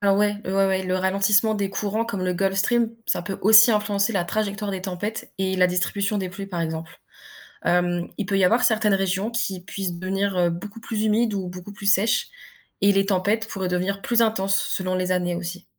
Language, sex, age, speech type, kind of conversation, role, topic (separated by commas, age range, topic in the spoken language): French, female, 20-24, read, expert-assistant, expert, Pourquoi les courants océaniques se forment-ils et en quoi influencent-ils le climat de la planète ?